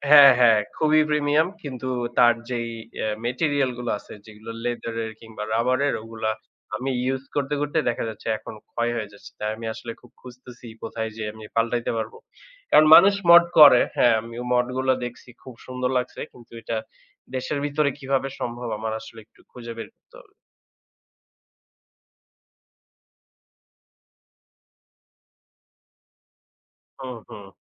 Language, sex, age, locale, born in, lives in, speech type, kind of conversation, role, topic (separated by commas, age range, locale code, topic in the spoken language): Bengali, male, 25-29, bn-BD, Bangladesh, Bangladesh, conversation, unstructured, none, আপনার প্রিয় গান বা সঙ্গীত কোনটি, এবং কেন?